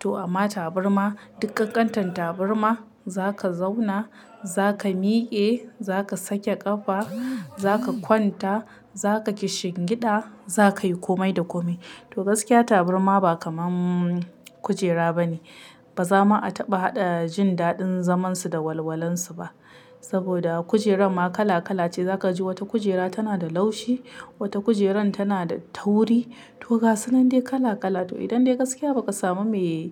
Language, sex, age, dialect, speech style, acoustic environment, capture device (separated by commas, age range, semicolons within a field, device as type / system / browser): Hausa, female, 25-34, Nigeria - Kano, relaxed; excited, silence, mobile / Linux / Chrome